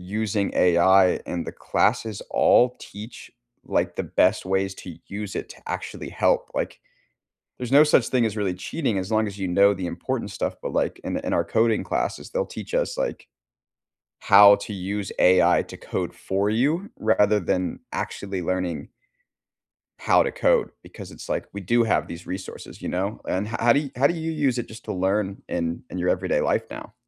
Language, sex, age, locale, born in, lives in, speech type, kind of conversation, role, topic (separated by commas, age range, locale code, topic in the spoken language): English, male, 25-29, en-US, United States, United States, conversation, unstructured, none, How have your classroom experiences changed from chalkboards to AI over the years?